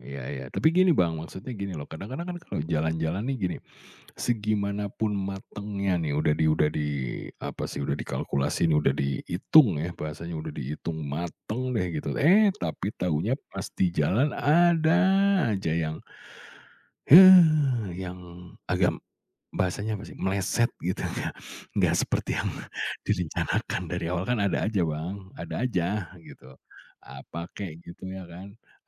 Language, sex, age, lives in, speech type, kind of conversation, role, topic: Indonesian, male, 40-44, Indonesia, conversation, podcast, host, Gimana cara kamu mengatur anggaran saat bepergian sendiri?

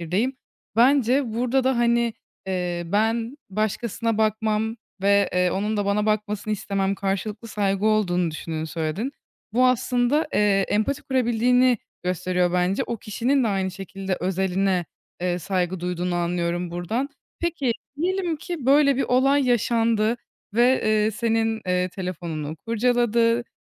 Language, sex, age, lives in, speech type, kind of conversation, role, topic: Turkish, female, 20-24, Germany, conversation, podcast, host, Partnerin telefonuna bakmanın sınırı sence nerede başlar?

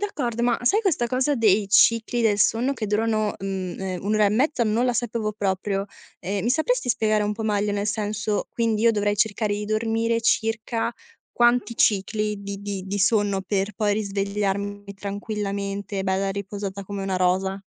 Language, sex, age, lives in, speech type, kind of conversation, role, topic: Italian, female, 20-24, Italy, conversation, advice, user, Dormo male e faccio fatica a stabilire un ritmo sonno-veglia: come posso migliorarlo?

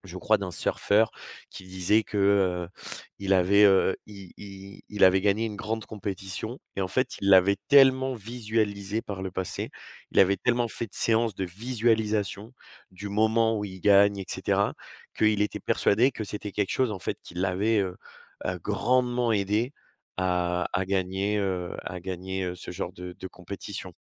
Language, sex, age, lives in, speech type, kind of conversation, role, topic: French, male, 20-24, France, conversation, podcast, guest, Comment gérer l’anxiété avant un examen ?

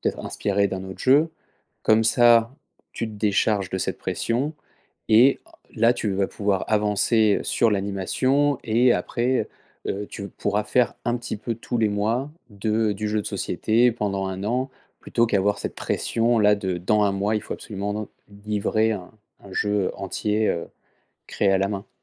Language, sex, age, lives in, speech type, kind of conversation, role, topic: French, male, 30-34, France, conversation, advice, advisor, Comment éviter d’accepter trop de demandes et de se retrouver débordé ?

